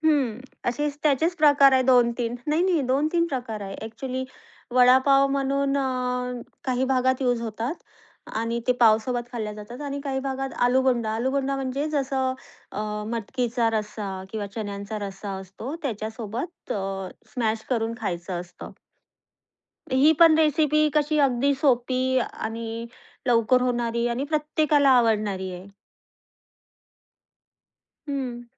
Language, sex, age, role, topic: Marathi, female, 30-34, guest, तुमच्या घरची एखादी खास रेसिपी सांगाल का?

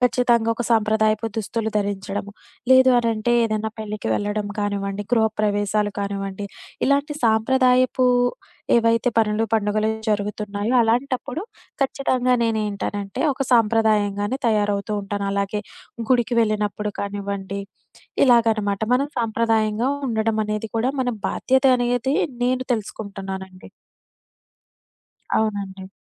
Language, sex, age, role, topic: Telugu, female, 25-29, guest, మీ దుస్తుల ఎంపికల ద్వారా మీరు ఏ సందేశం ఇవ్వాలని కోరుకుంటారు?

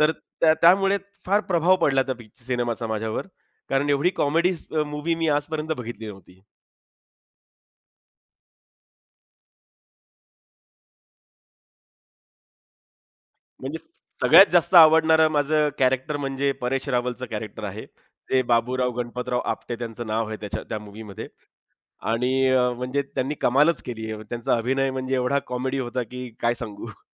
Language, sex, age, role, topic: Marathi, male, 35-39, guest, कुठल्या चित्रपटाचा प्रभाव तुझ्यावर आजही कायम आहे?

